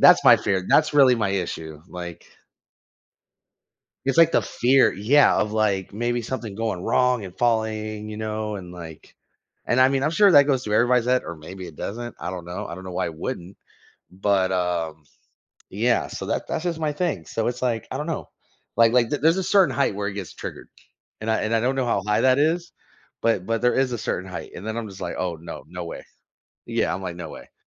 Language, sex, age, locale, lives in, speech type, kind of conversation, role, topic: English, male, 30-34, en-US, United States, conversation, advice, user, How can I overcome a fear that's interfering with my daily life?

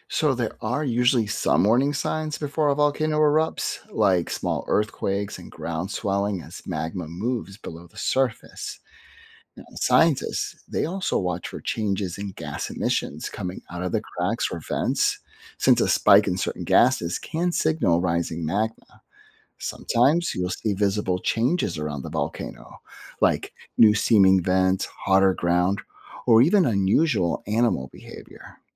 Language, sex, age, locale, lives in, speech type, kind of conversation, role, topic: English, male, 50-54, en-US, United States, read, expert-assistant, expert, How do volcanoes form and what happens during an eruption?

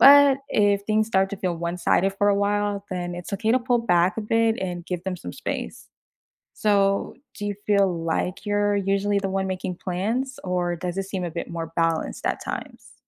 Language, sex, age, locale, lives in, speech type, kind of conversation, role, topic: English, female, 25-29, en-US, United States, read, expert-assistant, expert, What are some good ways to make new friends as an adult?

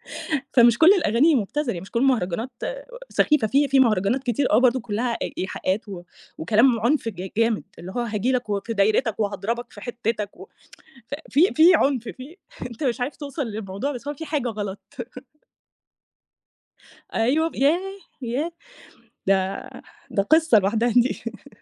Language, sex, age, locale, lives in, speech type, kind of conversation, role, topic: Arabic, female, 30-34, ar-EG, Egypt, conversation, podcast, guest, إيه نوع الموسيقى اللي كنت بتكرهها زمان وبقيت بتحبها دلوقتي؟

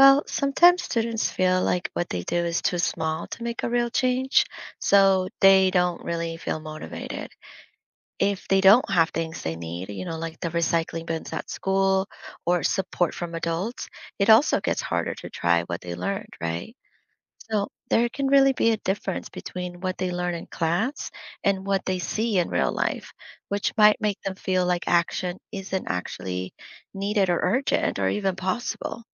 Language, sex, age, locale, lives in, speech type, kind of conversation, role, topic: English, female, 30-34, en-US, United States, read, expert-assistant, expert, What is the impact of climate change education on young people’s actions?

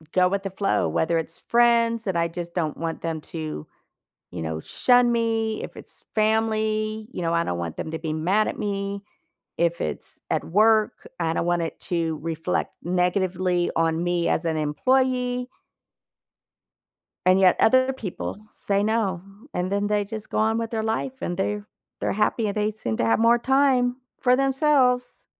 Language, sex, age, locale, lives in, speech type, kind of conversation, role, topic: English, female, 55-59, en-US, United States, conversation, advice, user, How can I say no to friends, family, or coworkers without feeling guilty?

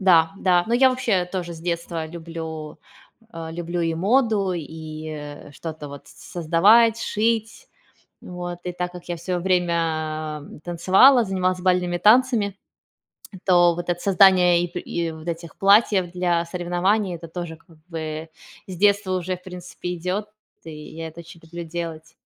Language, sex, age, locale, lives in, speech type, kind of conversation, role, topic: Russian, female, 35-39, ru-RU, Spain, conversation, unstructured, none, Как ты видишь себя через десять лет?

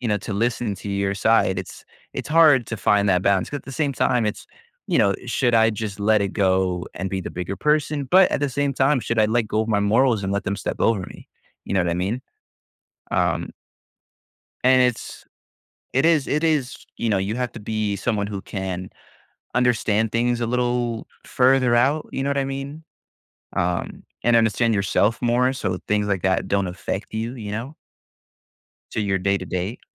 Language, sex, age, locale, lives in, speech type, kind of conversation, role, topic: English, male, 20-24, en-US, United States, conversation, podcast, host, Why do people often find themselves in conflict with each other?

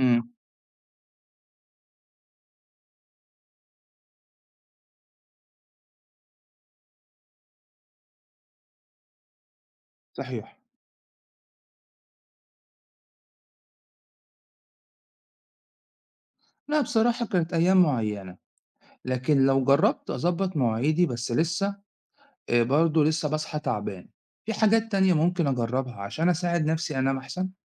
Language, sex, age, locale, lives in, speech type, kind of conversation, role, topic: Arabic, male, 40-44, ar-EG, Italy, read, expert-assistant, asker, كيف يؤثر النوم الكافي على الصحة والتركيز؟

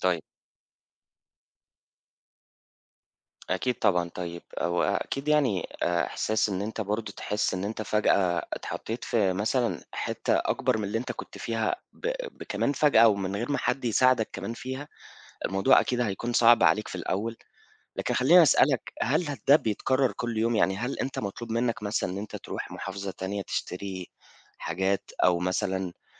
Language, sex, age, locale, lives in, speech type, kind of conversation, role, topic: Arabic, male, 25-29, ar-EG, Egypt, conversation, advice, advisor, إزاي تعدد المهام بيأثر على جودة وكثافة شغلك اللي محتاج تركيز؟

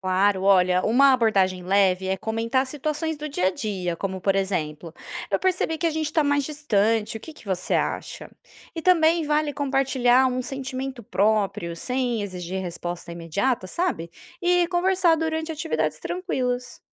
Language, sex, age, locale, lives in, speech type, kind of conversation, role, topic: Portuguese, female, 30-34, pt-BR, Spain, read, expert-assistant, expert, É mito ou fato que casais que discutem mais estão mais propensos a se separar?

